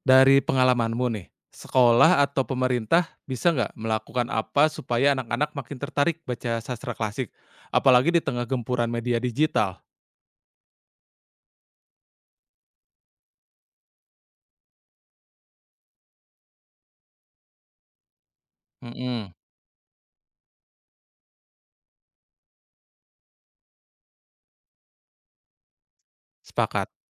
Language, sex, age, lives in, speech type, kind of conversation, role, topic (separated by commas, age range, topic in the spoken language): Indonesian, male, 30-34, Indonesia, read, expert-assistant, asker, Apakah membaca sastra klasik masih penting di era digital, dan apa dampaknya terhadap pemahaman budaya serta literasi generasi muda?